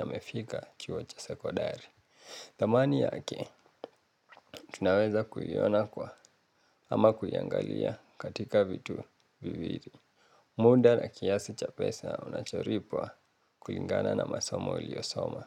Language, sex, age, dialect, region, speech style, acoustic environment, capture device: Swahili, male, 18-24, Kenya - Nairobi Sheng-influenced, Kenya, relaxed, appliances, mobile / Linux / Chrome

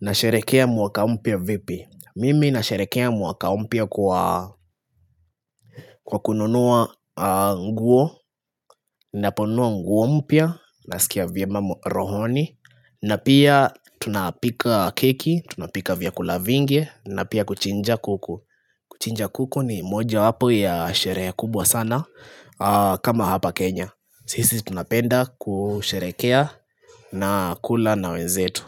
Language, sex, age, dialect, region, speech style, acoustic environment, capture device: Swahili, male, 25-34, Kenya - Nairobi Sheng-influenced, Kenya, relaxed, silence, desktop / Linux / Chrome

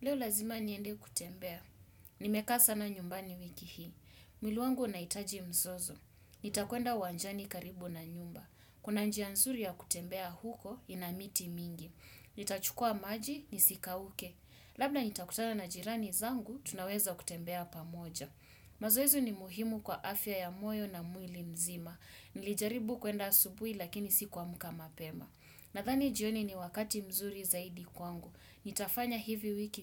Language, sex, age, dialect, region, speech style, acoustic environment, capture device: Swahili, male, 25-34, Kenya - Mombasa, Kenya, focused, silence, desktop / Windows / Chrome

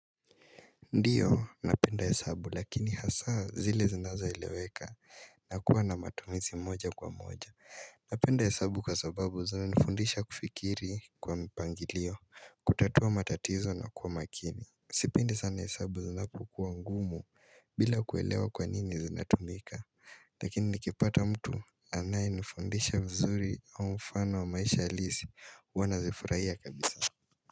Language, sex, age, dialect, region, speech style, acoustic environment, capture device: Swahili, male, 18-24, Kenya - Mombasa, Kenya, happy, silence, mobile / Linux / Chrome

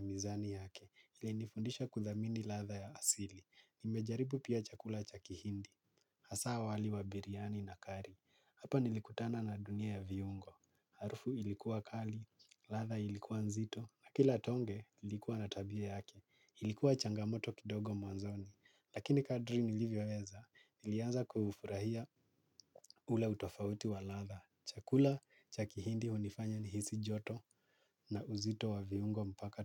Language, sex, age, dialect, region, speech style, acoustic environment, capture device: Swahili, male, 25-34, Kenya - Nairobi Sheng-influenced, Kenya, relaxed, nature; silence; appliances, mobile / Linux / Chrome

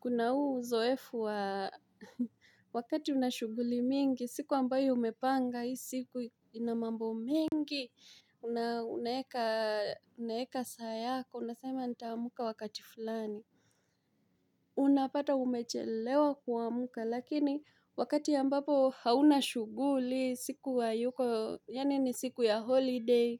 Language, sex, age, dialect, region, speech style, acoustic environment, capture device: Swahili, female, 25-34, Kenya - Mombasa, Kenya, happy, silence, mobile / Linux / Chrome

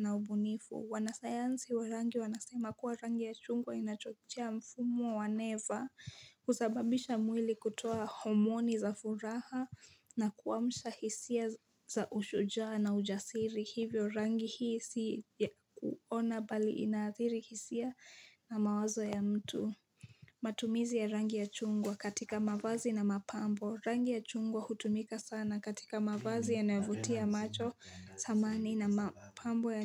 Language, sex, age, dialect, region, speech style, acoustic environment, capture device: Swahili, female, 18-24, Kenya - Nairobi Sheng-influenced, Kenya, excited; focused, nature, mobile / Linux / Chrome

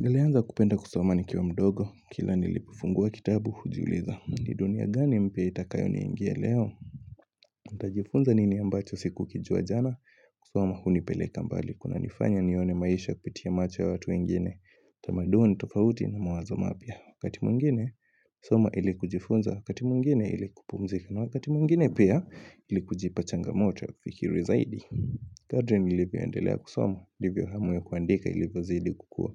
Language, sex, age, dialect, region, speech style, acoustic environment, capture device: Swahili, male, 18-24, Kenya - Mombasa, Kenya, happy, silence, mobile / Linux / Chrome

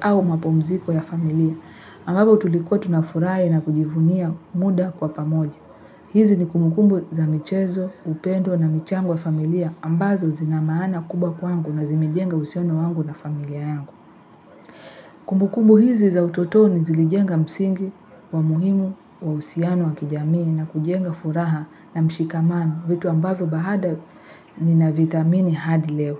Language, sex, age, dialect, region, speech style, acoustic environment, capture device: Swahili, female, 18-24, Kenya - Nairobi Sheng-influenced, Kenya, happy, people talking, mobile / Linux / Chrome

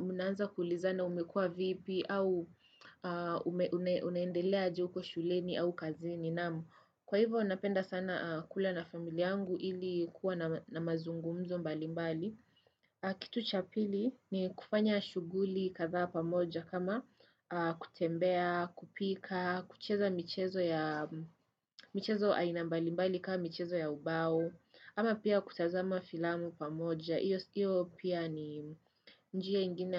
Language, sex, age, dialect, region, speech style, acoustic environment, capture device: Swahili, female, 18-24, Kenya - Nairobi Sheng-influenced, Kenya, nervous; focused, people talking; traffic, desktop / Windows / Chrome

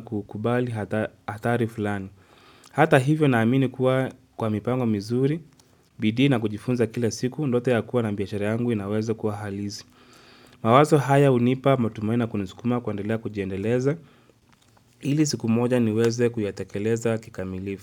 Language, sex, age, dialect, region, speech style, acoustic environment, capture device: Swahili, male, 25-34, Kenya - Nairobi Sheng-influenced, Kenya, focused; relaxed, nature, mobile / Linux / Chrome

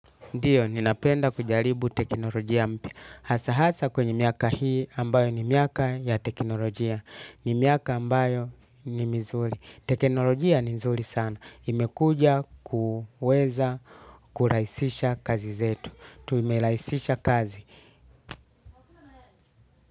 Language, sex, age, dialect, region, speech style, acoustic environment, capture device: Swahili, male, 35-44, Kenya - Mombasa, Kenya, happy, nature, desktop / Linux / Chrome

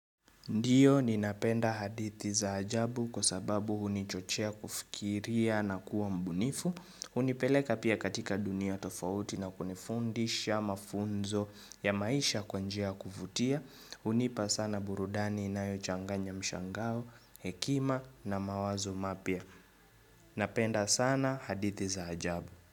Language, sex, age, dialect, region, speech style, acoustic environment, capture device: Swahili, male, 25-34, Kenya - Nairobi Sheng-influenced, Kenya, relaxed; focused, silence; nature, mobile / Linux / Chrome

